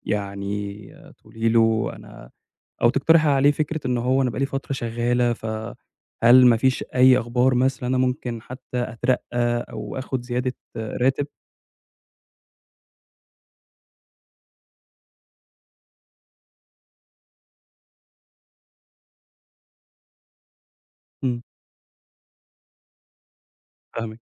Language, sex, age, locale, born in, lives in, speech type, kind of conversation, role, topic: Arabic, male, 25-29, ar-EG, Egypt, Egypt, conversation, advice, advisor, إزاي أطلب ترقية أو زيادة في المرتب؟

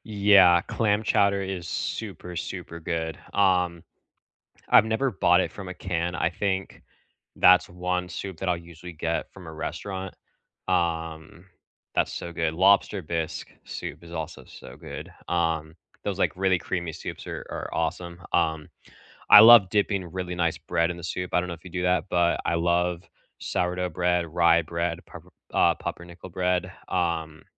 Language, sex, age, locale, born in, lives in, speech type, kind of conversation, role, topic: English, male, 25-29, en-US, United States, United States, conversation, unstructured, none, What food do you crave most when you need comfort?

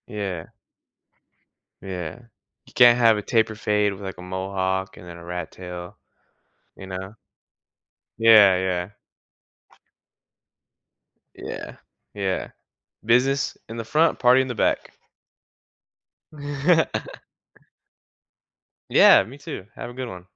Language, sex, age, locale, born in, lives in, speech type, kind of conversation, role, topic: English, male, 20-24, en-US, United States, United States, conversation, podcast, host, In what ways does fashion allow people to communicate who they are without words?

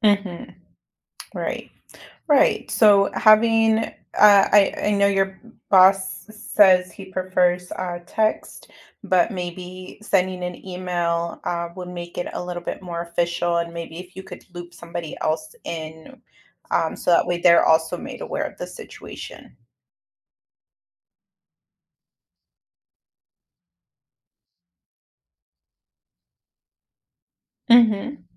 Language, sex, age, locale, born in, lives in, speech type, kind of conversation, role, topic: English, female, 30-34, en-US, United States, United States, conversation, advice, advisor, How can I rebuild my confidence and move forward after a major mistake?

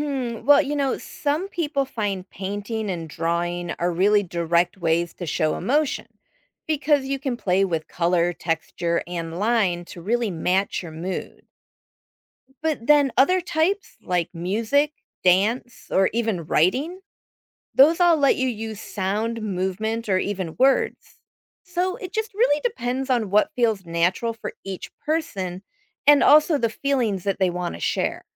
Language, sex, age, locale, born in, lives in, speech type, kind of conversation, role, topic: English, female, 50-54, en-US, United States, United States, read, expert-assistant, expert, How does art help people express themselves?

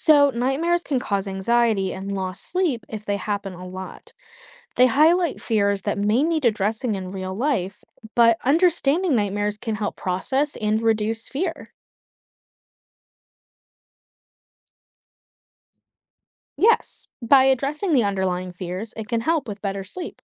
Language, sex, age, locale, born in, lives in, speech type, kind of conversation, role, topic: English, female, 25-29, en-US, United States, United States, read, expert-assistant, expert, What is the significance of dreams in psychology?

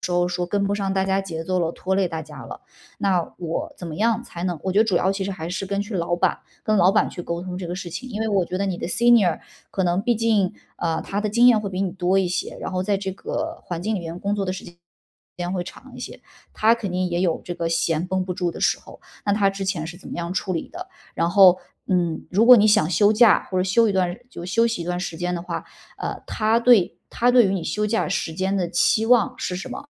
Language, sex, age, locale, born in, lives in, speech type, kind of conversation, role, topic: Chinese, female, 35-39, zh-CN, China, Germany, conversation, advice, advisor, 我担心休息一段时间会落后或被替代，该如何缓解对职业前途的焦虑？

